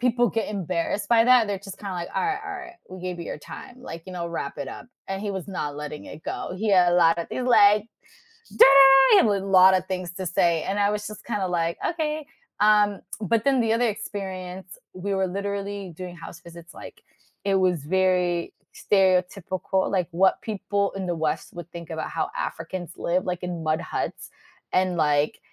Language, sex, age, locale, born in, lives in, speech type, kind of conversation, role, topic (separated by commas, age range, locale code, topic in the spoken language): English, female, 30-34, en-US, United States, United States, conversation, podcast, guest, How have unexpected experiences while traveling changed your perspective?